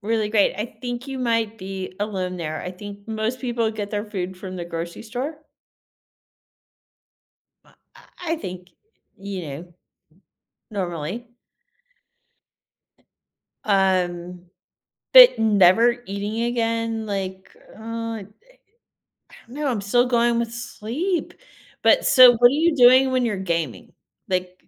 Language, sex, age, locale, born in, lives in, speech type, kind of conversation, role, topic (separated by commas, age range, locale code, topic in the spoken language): English, female, 45-49, en-US, United States, United States, conversation, unstructured, none, Would you rather never have to sleep again or never have to eat again?